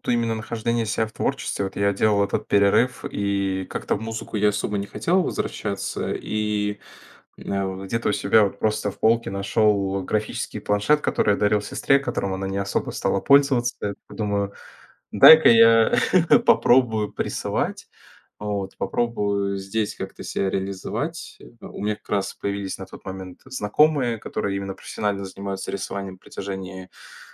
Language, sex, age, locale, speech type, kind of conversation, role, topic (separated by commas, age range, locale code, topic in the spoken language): Russian, male, 20-24, ru-RU, conversation, podcast, guest, Как ты понял(а), что творчество — часть твоей личности?